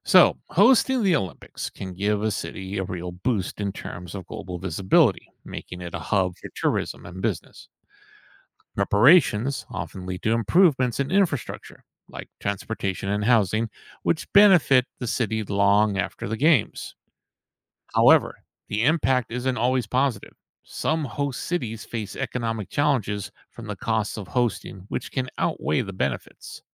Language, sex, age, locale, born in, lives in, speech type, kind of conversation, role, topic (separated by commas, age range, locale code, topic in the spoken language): English, male, 55-59, en-US, United States, United States, read, expert-assistant, expert, What are the origins and cultural significance of the Olympic Games?